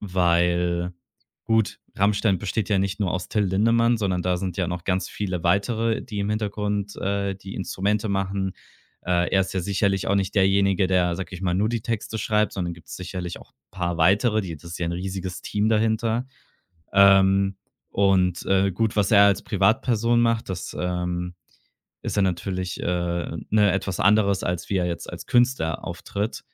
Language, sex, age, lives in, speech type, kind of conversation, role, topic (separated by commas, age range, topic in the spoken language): German, male, 25-29, Germany, conversation, podcast, guest, Erzähl mal, bei welchem Lied kommen Erinnerungen hoch?